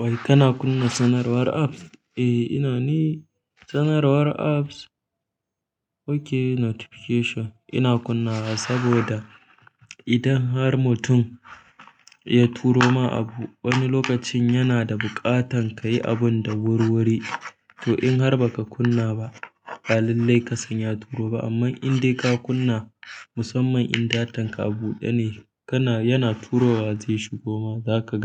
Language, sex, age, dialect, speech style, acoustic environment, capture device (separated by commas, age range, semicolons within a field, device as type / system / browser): Hausa, male, 18-24, Nigeria - Kano, happy, silence, mobile / Linux / Chrome